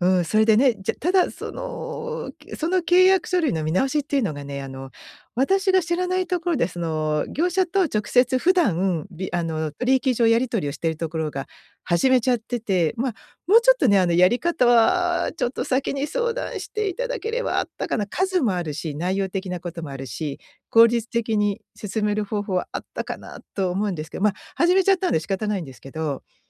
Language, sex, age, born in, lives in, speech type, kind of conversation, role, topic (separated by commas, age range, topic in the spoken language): Japanese, female, 55-59, Japan, United States, conversation, advice, user, 不安で作業を先延ばししてしまうとき、どうすれば始められますか？